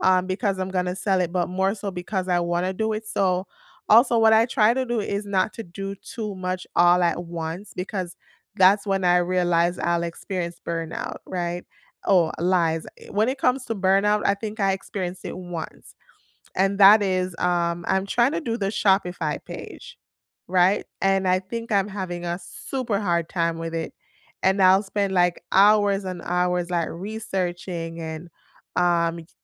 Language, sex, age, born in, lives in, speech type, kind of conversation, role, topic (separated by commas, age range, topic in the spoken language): English, female, 30-34, Jamaica, United States, conversation, unstructured, none, What skill are you learning just for fun these days, and what got you started?